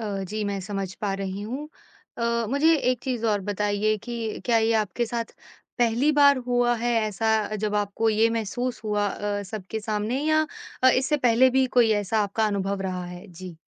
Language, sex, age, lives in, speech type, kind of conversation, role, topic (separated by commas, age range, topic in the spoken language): Hindi, female, 25-29, India, conversation, advice, advisor, पहली मुलाकात में खुद को छोटा महसूस करना